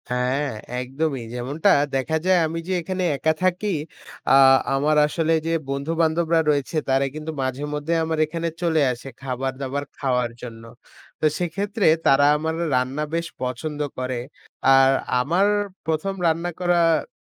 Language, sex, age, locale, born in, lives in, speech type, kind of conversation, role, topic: Bengali, male, 20-24, bn-BD, Bangladesh, Bangladesh, conversation, unstructured, none, তুমি কি কখনও রান্না করার চেষ্টা করেছ?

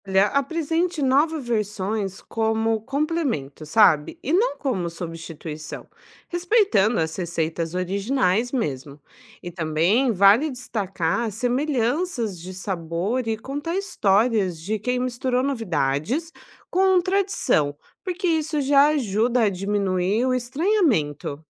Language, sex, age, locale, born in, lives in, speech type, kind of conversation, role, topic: Portuguese, female, 30-34, pt-BR, Brazil, Portugal, read, expert-assistant, expert, Como será que a gente pode usar o jeito de viver das pessoas pra criar campanhas que realmente façam sentido pra elas?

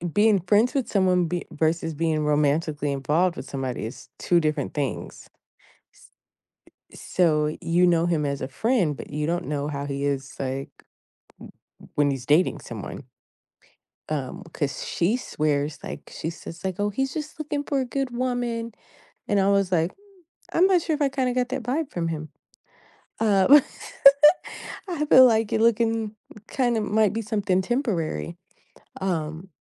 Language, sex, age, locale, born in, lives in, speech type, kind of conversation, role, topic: English, female, 35-39, en-US, United States, United States, conversation, advice, user, How can I prepare for my first date?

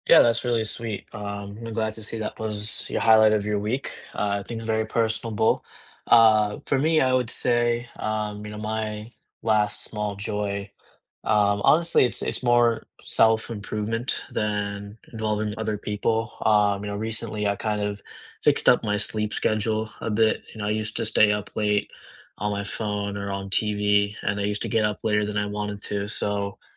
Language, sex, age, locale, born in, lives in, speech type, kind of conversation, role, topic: English, male, 25-29, en-US, India, United States, conversation, unstructured, none, What was the last small joy that brightened your week?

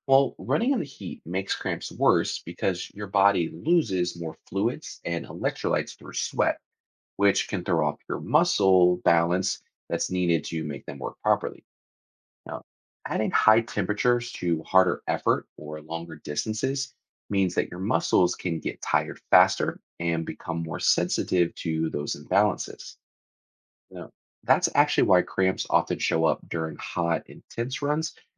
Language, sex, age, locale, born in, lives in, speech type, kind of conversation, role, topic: English, male, 30-34, en-US, United States, United States, read, expert-assistant, expert, What is the fastest way to relieve muscle cramps?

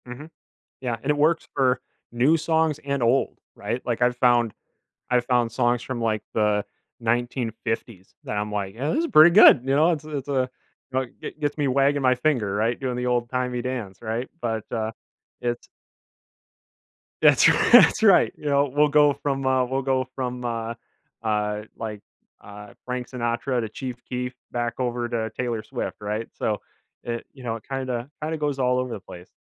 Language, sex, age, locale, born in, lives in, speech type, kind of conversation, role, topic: English, male, 25-29, en-US, United States, United States, conversation, podcast, guest, How has your taste in music shaped your experiences and memories?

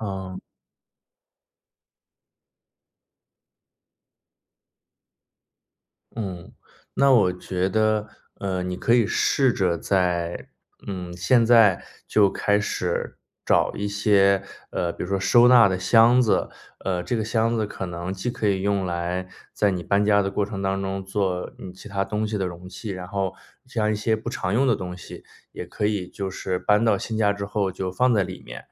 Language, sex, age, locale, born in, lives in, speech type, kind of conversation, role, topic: Chinese, male, 30-34, zh-CN, China, United States, conversation, advice, advisor, 我该如何应对搬家带来的情绪压力？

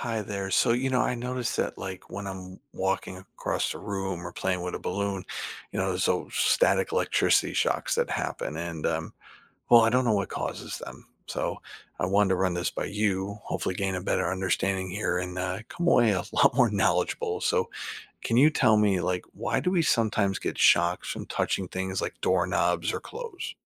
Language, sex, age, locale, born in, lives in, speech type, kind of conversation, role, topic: English, male, 50-54, en-US, United States, United States, read, expert-assistant, asker, What causes static electricity shocks?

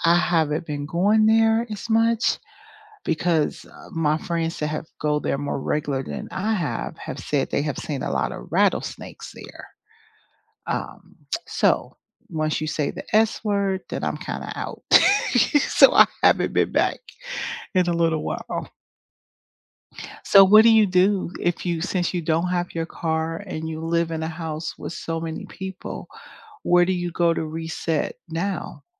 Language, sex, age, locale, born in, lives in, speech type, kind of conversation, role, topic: English, female, 50-54, en-US, United States, United States, conversation, unstructured, none, Where do you go nearby to clear your head when you need to get outside?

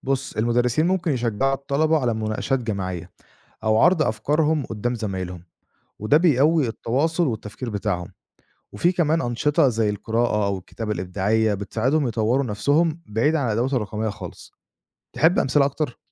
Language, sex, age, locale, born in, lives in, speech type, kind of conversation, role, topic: Arabic, male, 25-29, ar-EG, Egypt, Egypt, read, expert-assistant, expert, فيه كلام كتير عن الذكاء الاصطناعي في التعليم، بس هل فعلاً الأدوات دي بتساعد الطلبة يتعلموا أحسن ولا فيه مبالغة في تأثيرها؟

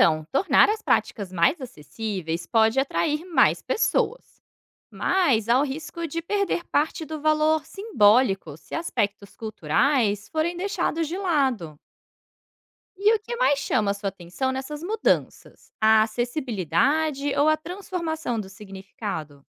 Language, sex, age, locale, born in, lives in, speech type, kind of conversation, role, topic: Portuguese, female, 30-34, pt-BR, Brazil, Portugal, read, expert-assistant, expert, Como as diferentes culturas influenciam o jeito que a gente cuida da saúde e do bem-estar?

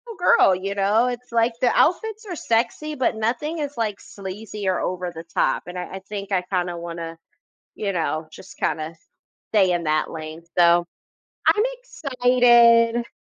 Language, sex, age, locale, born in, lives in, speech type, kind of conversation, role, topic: English, female, 30-34, en-US, United States, United States, conversation, advice, user, How can I fit in at my new job?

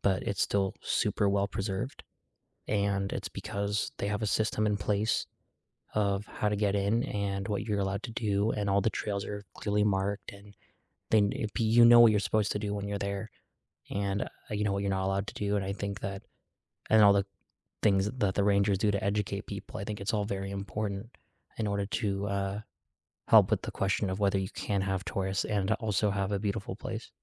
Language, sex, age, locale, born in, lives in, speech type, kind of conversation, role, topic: English, male, 20-24, en-US, United States, United States, conversation, unstructured, none, How do you feel about overtourism damaging natural places?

